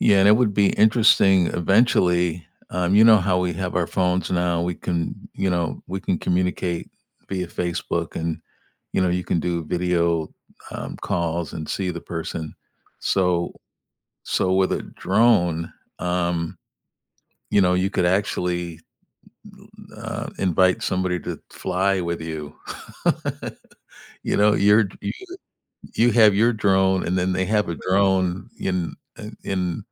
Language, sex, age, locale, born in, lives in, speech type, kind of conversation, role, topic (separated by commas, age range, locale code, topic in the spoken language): English, male, 65-69, en-US, United States, United States, conversation, unstructured, none, How are drones changing the way we solve everyday problems?